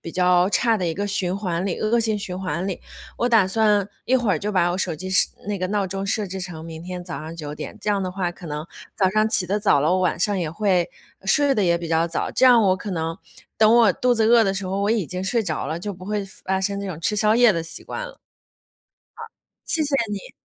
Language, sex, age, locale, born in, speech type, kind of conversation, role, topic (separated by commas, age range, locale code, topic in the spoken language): Chinese, female, 30-34, zh-CN, China, conversation, advice, user, 你的宵夜习惯会如何影响你的睡眠和体重？